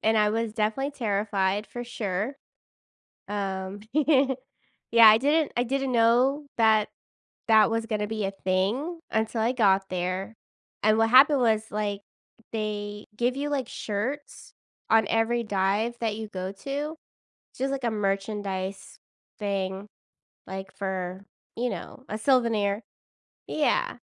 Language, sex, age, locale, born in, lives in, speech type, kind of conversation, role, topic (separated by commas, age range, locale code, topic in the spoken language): English, female, 30-34, en-US, United States, United States, conversation, podcast, guest, How has a memorable travel experience shaped your outlook on life?